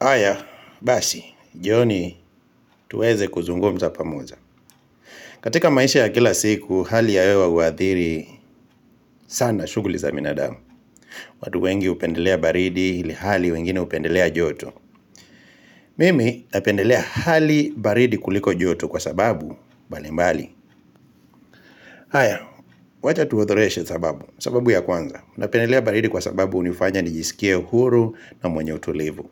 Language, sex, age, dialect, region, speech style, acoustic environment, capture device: Swahili, male, 25-34, Kenya - Kitui, Kenya, relaxed, silence, mobile / Linux / Chrome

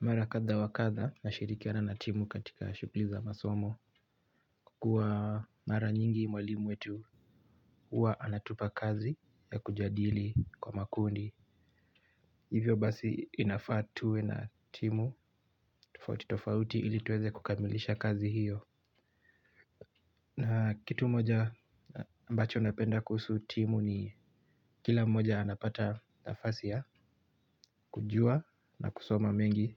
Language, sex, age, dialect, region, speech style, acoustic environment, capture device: Swahili, male, 18-24, Kenya - Nairobi Sheng-influenced, Kenya, relaxed, silence, mobile / Linux / Chrome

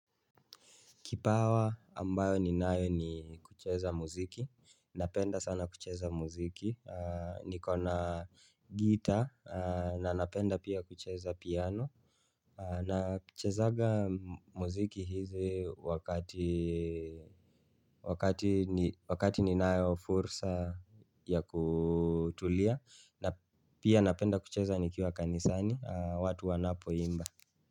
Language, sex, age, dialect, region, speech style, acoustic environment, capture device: Swahili, male, 25-34, Kenya - Nairobi Sheng-influenced, Kenya, relaxed, silence, mobile / Linux / Chrome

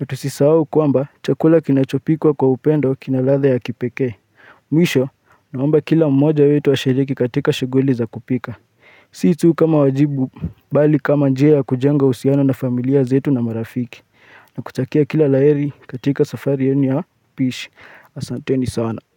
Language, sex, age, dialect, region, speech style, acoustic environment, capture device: Swahili, male, 25-34, Kenya - Nairobi Sheng-influenced, Kenya, focused, nature, mobile / Linux / Chrome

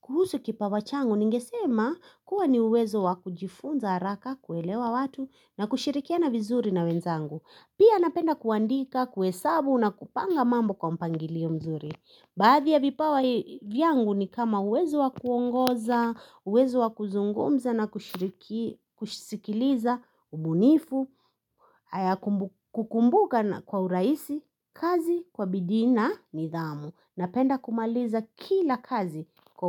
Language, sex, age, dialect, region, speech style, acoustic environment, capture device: Swahili, female, 25-34, Kenya - Nairobi Sheng-influenced, Kenya, anxious; happy, people talking, mobile / Linux / Chrome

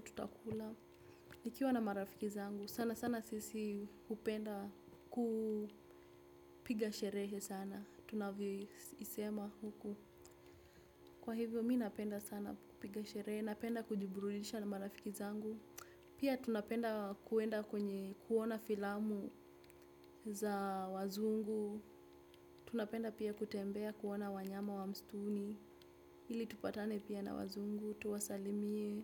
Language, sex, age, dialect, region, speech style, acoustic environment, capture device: Swahili, female, 18-24, Kenya - Nairobi Sheng-influenced, Kenya, relaxed; focused, nature; appliances, desktop / Windows / Chrome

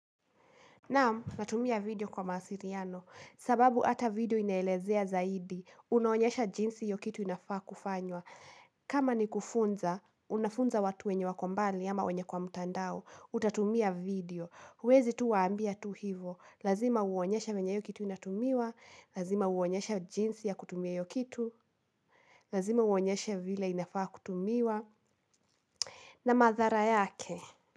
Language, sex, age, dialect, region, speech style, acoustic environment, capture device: Swahili, female, 18-24, Kenya - Kiambu, Kenya, anxious, nature, mobile / Linux / Chrome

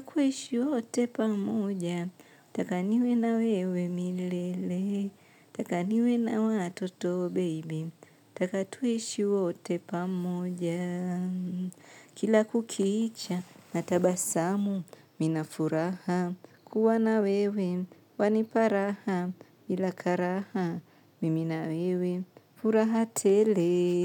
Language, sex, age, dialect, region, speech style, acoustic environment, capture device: Swahili, female, 25-34, Tanzania - Dar es Salaam, Tanzania, relaxed, silence, mobile / Linux / Chrome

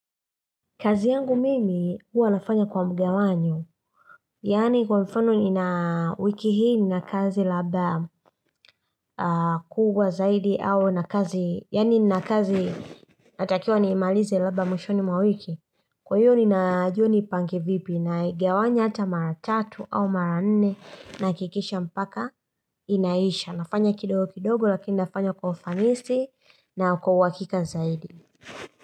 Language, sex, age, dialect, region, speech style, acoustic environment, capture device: Swahili, female, 25-34, Tanzania - Dar es Salaam, Tanzania, happy; relaxed; focused; excited, nature; silence, mobile / Linux / Chrome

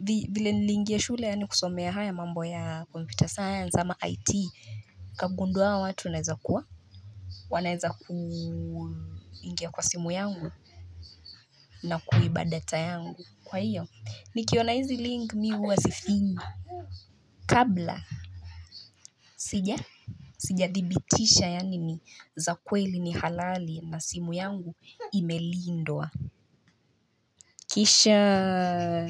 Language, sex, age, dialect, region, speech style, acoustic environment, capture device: Swahili, female, 25-34, Kenya - Nairobi Sheng-influenced, Kenya, relaxed, nature; people talking, mobile / Linux / Chrome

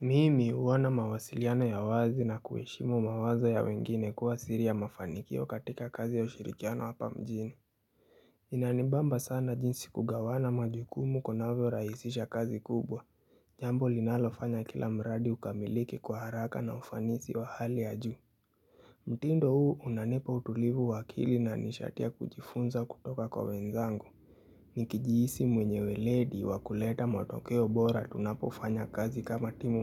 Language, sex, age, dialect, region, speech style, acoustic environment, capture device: Swahili, male, 18-24, Kenya - Nairobi Sheng-influenced, Kenya, happy; relaxed; focused, nature; appliances, mobile / Linux / Chrome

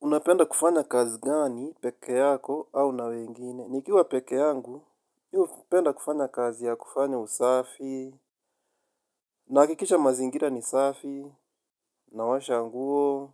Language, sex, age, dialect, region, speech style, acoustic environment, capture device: Swahili, male, 25-34, Kenya - Nairobi Sheng-influenced, Kenya, happy, nature, mobile / Linux / Chrome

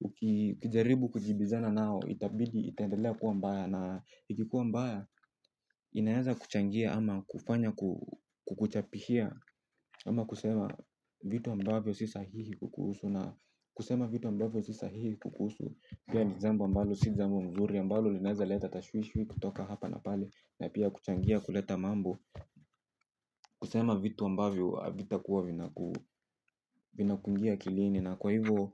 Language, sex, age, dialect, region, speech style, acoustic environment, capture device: Swahili, male, 18-24, Kenya - Nairobi Sheng-influenced, Kenya, tired; relaxed, people talking; music, mobile / Linux / Chrome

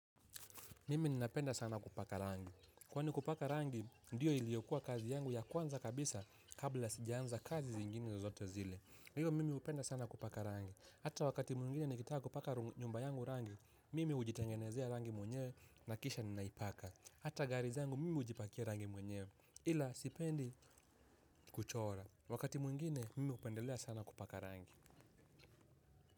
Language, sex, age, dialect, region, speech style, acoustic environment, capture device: Swahili, male, 18-24, Kenya - Mombasa, Kenya, relaxed; happy; focused, people talking; nature, mobile / Linux / Chrome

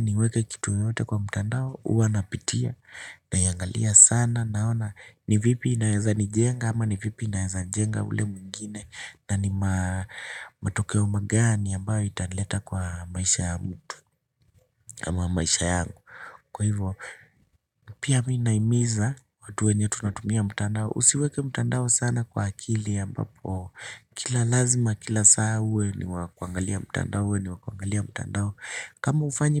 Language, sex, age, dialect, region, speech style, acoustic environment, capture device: Swahili, male, 25-34, Kenya - Nairobi Sheng-influenced, Kenya, relaxed; excited, silence; nature, desktop / Linux / Chrome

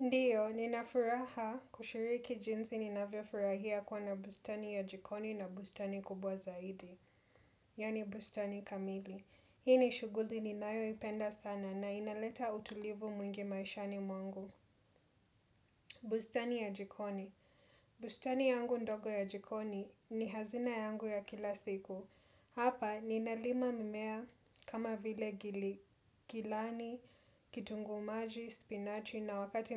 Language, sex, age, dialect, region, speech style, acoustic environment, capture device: Swahili, female, 25-34, Kenya - Mombasa, Kenya, happy; relaxed; excited; nervous, people talking; nature; appliances, desktop / Windows / Chrome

